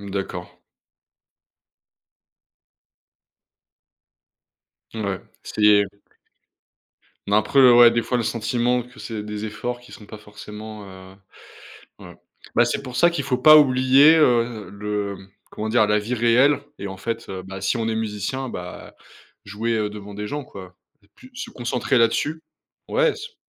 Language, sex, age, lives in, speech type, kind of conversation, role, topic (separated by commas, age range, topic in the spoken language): French, male, 30-34, France, conversation, podcast, guest, Comment prends-tu soin de ta santé mentale face aux réseaux sociaux ?